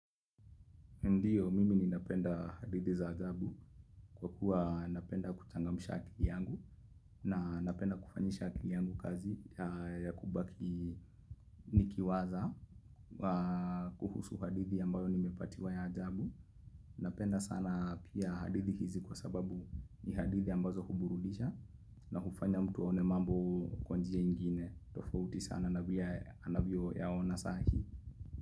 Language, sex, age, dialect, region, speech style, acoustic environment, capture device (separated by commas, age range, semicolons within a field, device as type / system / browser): Swahili, male, 25-34, Kenya - Nairobi Sheng-influenced, Kenya, relaxed; focused, nature; silence; appliances, mobile / Linux / Chrome